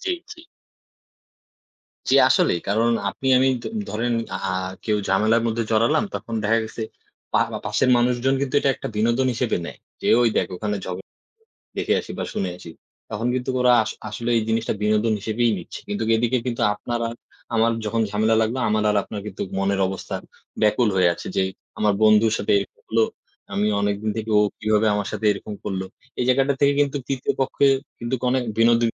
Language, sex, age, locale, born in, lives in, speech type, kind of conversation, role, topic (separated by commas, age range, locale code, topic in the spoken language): Bengali, male, 20-24, bn-BD, Bangladesh, Bangladesh, conversation, unstructured, none, আপনি কি কখনো দেখেছেন যে কোনো ছোট মনোমালিন্য বড় দ্বন্দ্বে পরিণত হয়েছে?